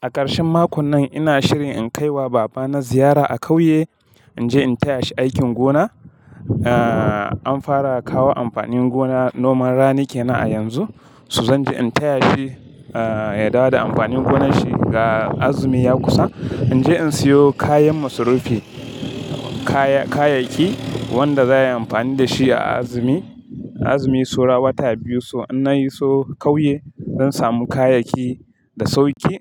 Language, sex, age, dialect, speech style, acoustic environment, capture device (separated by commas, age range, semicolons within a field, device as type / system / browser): Hausa, male, 25-34, Nigeria - Kano, happy, silence, mobile / Linux / Chrome